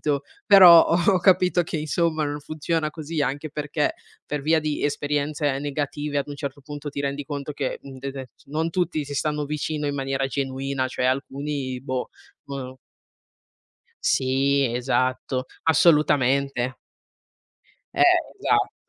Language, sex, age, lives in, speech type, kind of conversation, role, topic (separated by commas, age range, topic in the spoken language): Italian, female, 20-24, Italy, conversation, unstructured, none, Come definiresti una vera amicizia?